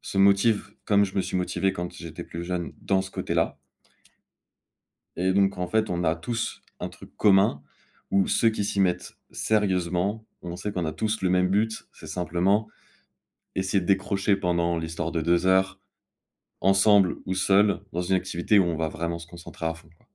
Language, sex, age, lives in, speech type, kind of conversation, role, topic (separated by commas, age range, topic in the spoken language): French, male, 20-24, France, conversation, unstructured, none, Qu’est-ce qui te motive à pratiquer un loisir ?